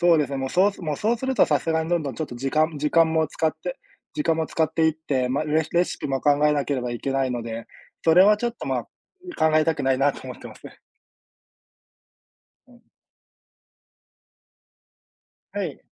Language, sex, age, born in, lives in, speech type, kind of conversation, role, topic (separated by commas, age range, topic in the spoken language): Japanese, male, 30-34, Japan, Japan, conversation, unstructured, none, 料理は普段ご自分でされますか？